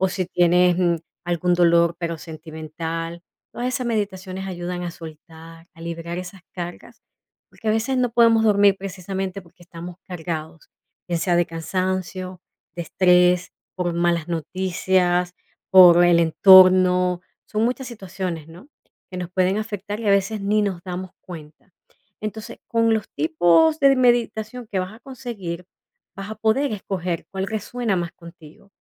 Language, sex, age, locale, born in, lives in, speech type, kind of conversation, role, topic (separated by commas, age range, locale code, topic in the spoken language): Spanish, female, 40-44, es-VE, Venezuela, United States, conversation, advice, advisor, ¿Qué te dificulta relajarte y desconectarte antes de dormir?